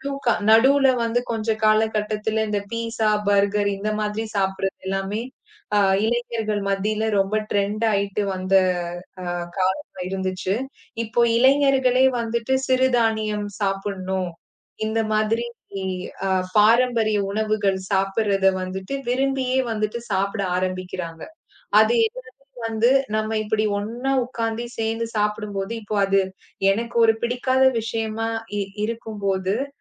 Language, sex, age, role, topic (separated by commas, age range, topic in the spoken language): Tamil, female, 35-39, guest, குடும்பம் ஒன்று சேர்ந்து சாப்பிடுவதற்கு நீங்கள் எப்படி தயாராகிறீர்கள்?